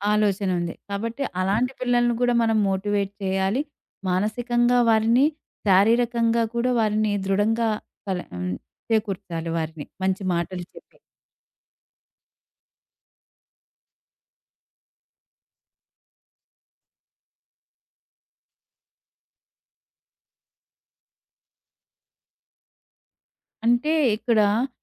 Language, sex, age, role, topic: Telugu, female, 40-44, guest, విద్యలో మానసిక ఆరోగ్యాన్ని ఎలా చేర్చాలి?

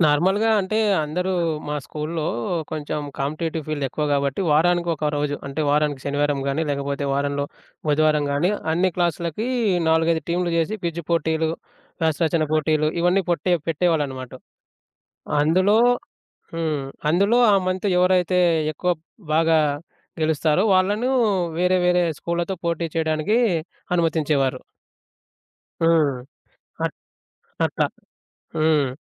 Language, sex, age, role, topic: Telugu, male, 25-29, guest, మీరు పెద్ద పరీక్షలో విజయం సాధించిన రోజు ఎలా గడిచిందో చెప్పగలరా?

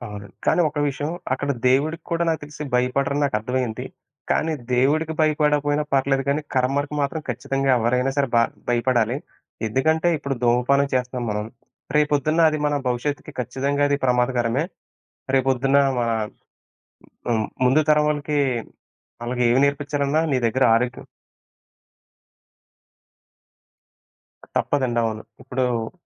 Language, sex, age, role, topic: Telugu, male, 30-34, guest, భయం మరియు పరిపూర్ణత పట్ల ఉన్న ఆలోచన మీ నిర్ణయాలను ఎలా ప్రభావితం చేస్తాయి?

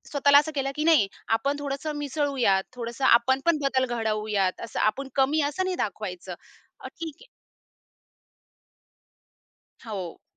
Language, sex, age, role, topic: Marathi, female, 30-34, guest, शाळेत कधी तुम्हाला इतरांपेक्षा वेगळं वाटलं का, आणि ते तुम्ही कसं हाताळलंत?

